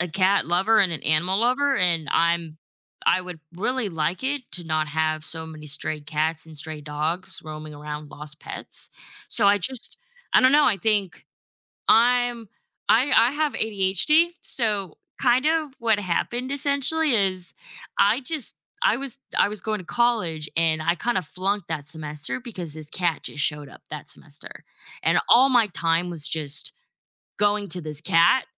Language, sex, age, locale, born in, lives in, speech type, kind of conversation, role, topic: English, female, 25-29, en-US, United States, United States, conversation, unstructured, none, What makes pets so important in people’s lives?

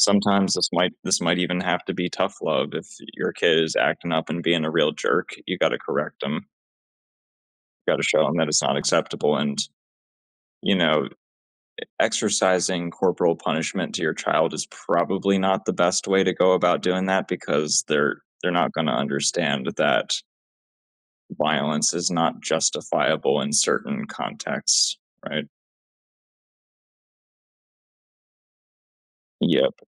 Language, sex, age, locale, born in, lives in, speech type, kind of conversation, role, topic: English, male, 30-34, en-US, United States, United States, conversation, unstructured, none, How can schools and communities work together to prevent bullying?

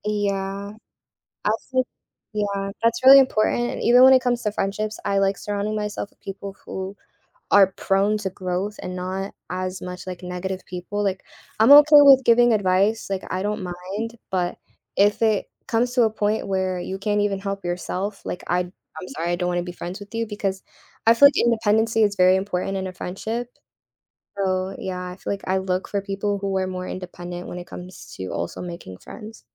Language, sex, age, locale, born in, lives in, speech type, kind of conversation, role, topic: English, female, 18-19, en-US, United States, United States, conversation, podcast, guest, How can we turn our mistakes into opportunities for growth?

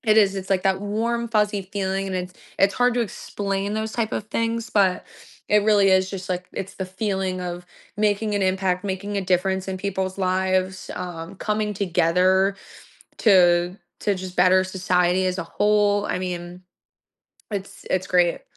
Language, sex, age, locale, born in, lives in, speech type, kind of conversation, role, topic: English, female, 25-29, en-US, United States, United States, conversation, podcast, guest, What motivates people to volunteer, and how does it shape their lives and communities?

